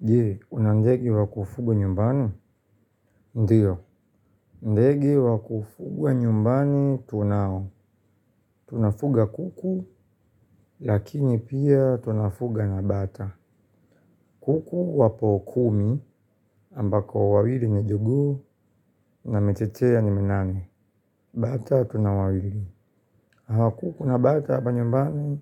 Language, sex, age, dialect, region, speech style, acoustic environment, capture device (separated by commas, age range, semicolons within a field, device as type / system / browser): Swahili, male, 25-34, Tanzania - Dar es Salaam, Tanzania, happy, appliances; silence, mobile / Linux / Chrome